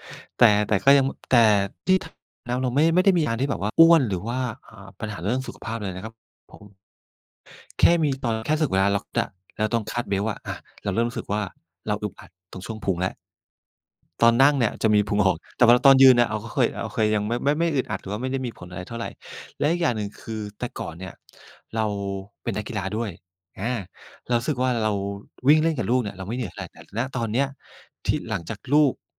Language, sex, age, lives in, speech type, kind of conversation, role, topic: Thai, male, 45-49, Thailand, conversation, advice, user, ฉันตั้งใจลดน้ำหนัก แต่ทำไมถึงหยุดกินของหวานตอนกลางคืนไม่ได้?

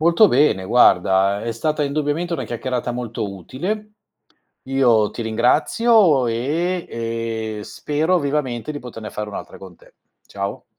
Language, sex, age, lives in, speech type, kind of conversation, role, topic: Italian, male, 50-54, Italy, conversation, advice, user, Come fai a procrastinare regolarmente su responsabilità importanti?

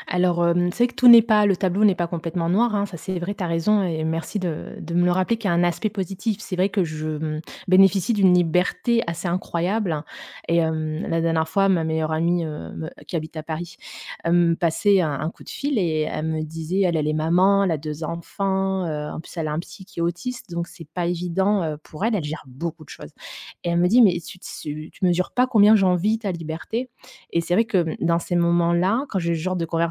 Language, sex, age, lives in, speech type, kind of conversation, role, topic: French, female, 35-39, Germany, conversation, advice, user, Comment puis-je gérer l’incertitude tout en restant ancré dans ma vie quotidienne ?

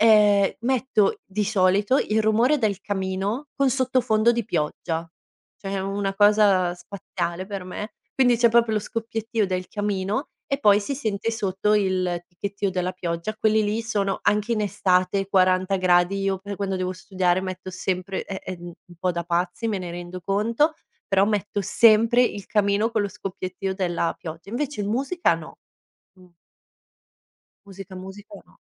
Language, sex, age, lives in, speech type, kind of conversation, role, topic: Italian, female, 30-34, Italy, conversation, podcast, guest, Come scegliere uno spazio adatto per concentrarti in casa?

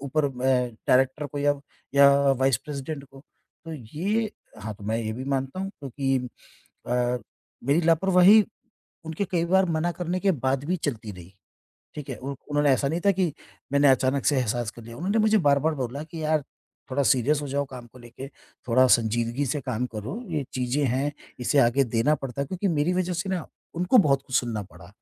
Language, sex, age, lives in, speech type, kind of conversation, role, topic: Hindi, male, 50-54, India, conversation, advice, user, मैं अपनी गलती स्वीकार करके सच्ची माफी माँगते हुए आगे कैसे बढ़ूँ?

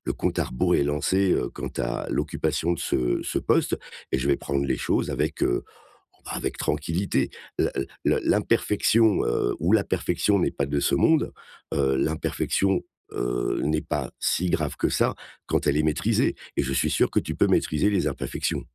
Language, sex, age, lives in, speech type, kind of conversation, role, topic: French, male, 55-59, France, conversation, advice, advisor, Comment maintenir mes habitudes malgré les imprévus ?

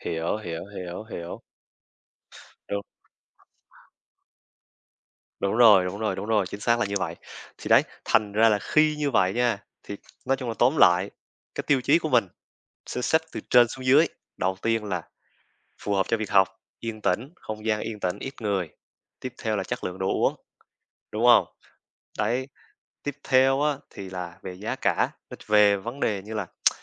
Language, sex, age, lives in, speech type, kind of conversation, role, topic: Vietnamese, male, 20-24, Vietnam, conversation, unstructured, none, Bạn thường dựa vào những tiêu chí nào để chọn một quán cà phê để ghé thăm?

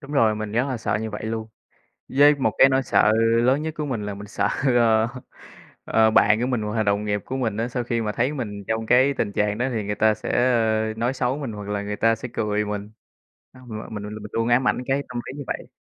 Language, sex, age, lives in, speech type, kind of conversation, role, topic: Vietnamese, male, 25-29, Vietnam, conversation, advice, user, Làm thế nào để tôi giảm bớt cảm giác lo lắng trước các cuộc họp hoặc khi thuyết trình?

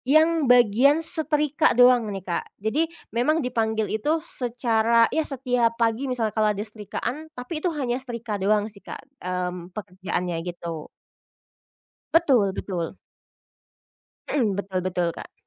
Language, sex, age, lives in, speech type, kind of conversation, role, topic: Indonesian, female, 25-29, Indonesia, conversation, podcast, guest, Bagaimana kamu membagi tugas rumah dengan pasangan atau keluarga?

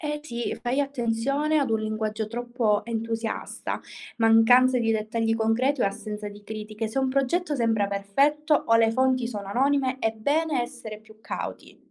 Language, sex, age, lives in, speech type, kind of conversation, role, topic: Italian, female, 20-24, Italy, read, expert-assistant, expert, Come possiamo capire se le informazioni che leggiamo sui progetti di assistenza sociale sono davvero affidabili?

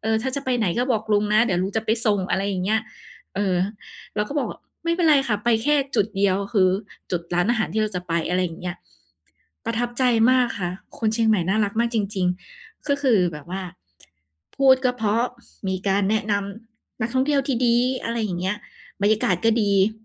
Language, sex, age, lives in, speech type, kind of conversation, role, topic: Thai, female, 30-34, Thailand, conversation, podcast, guest, คุณเคยหลงทางแล้วเจอคนมาช่วยเหลือไหม เล่าให้ฟังหน่อยได้ไหม?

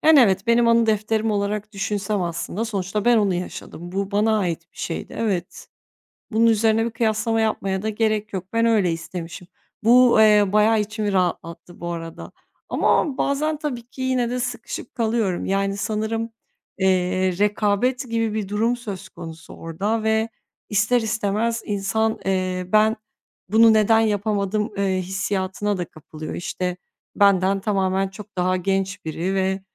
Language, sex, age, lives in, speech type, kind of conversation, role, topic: Turkish, female, 30-34, Bulgaria, conversation, advice, user, Sosyal medyada mükemmel bir hayat sergileme baskısını ne kadar hissediyorsunuz?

